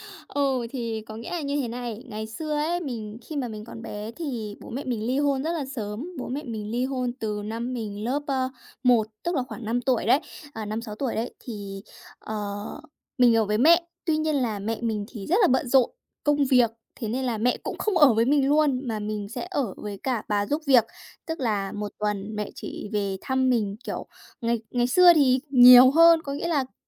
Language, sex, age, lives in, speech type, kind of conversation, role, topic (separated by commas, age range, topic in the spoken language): Vietnamese, female, 20-24, Japan, conversation, podcast, guest, Kỉ niệm tuổi thơ nào vẫn còn ảnh hưởng đến bạn đến bây giờ?